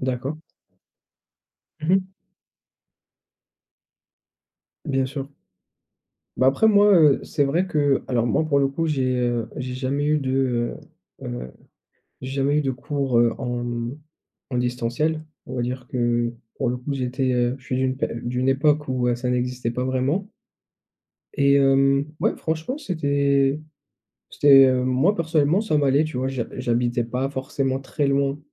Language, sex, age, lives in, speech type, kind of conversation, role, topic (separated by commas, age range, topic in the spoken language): French, male, 30-34, France, conversation, unstructured, none, Que penses-tu des cours en ligne par rapport aux cours en présentiel ?